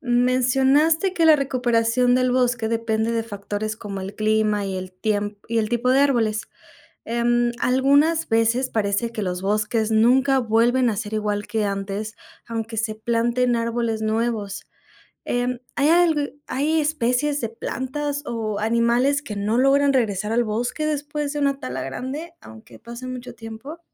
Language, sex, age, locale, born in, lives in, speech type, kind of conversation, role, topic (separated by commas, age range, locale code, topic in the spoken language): Spanish, female, 25-29, es-MX, Mexico, Mexico, read, expert-assistant, asker, Describe cómo la tala de árboles afecta la biodiversidad de un bosque.